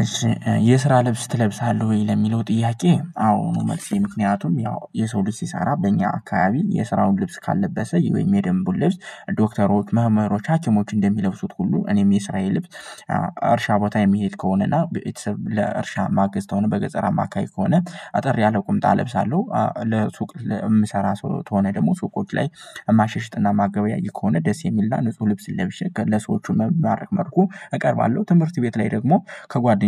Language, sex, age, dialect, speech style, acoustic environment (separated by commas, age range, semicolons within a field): Amharic, male, 18-24, Ethiopia - Gojjam, happy, nature